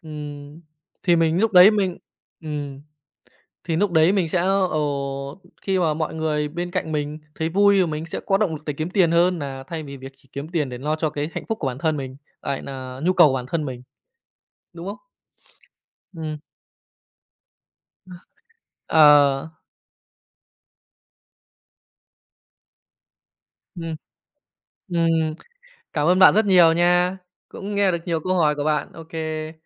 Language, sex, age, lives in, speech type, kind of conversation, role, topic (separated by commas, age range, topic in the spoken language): Vietnamese, male, 25-29, Japan, conversation, unstructured, none, Tiền bạc ảnh hưởng như thế nào đến hạnh phúc của bạn?